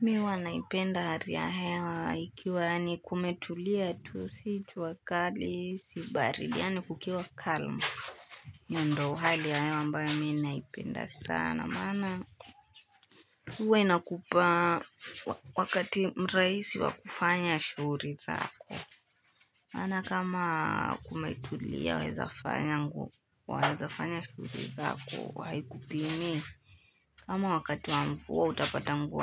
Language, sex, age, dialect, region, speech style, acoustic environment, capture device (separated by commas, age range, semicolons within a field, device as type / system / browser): Swahili, female, 18-24, Kenya - Nairobi Sheng-influenced, Kenya, happy, music, desktop / Linux / Chrome